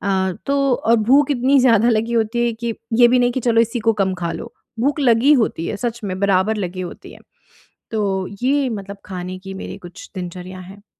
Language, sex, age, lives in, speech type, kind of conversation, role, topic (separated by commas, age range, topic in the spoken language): Hindi, female, 35-39, India, conversation, advice, user, मैं सोने से पहले की अपनी आदतें आसान तरीके से कैसे सुधारूँ?